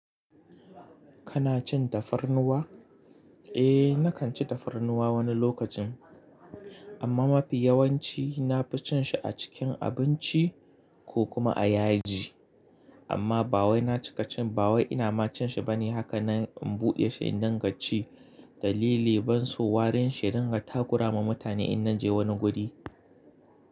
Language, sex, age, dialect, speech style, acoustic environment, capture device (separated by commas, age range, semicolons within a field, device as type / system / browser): Hausa, male, 25-34, Nigeria - Kano, excited; focused; happy, nature, mobile / Linux / Chrome